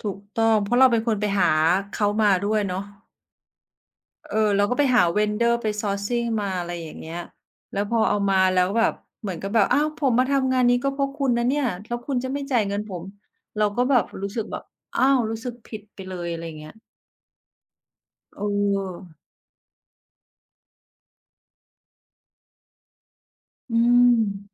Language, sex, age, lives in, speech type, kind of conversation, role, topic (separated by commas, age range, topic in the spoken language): Thai, female, 45-49, Thailand, conversation, unstructured, none, เวลาคุณเจอปัญหาใหญ่ คุณมีวิธีจัดการอย่างไร?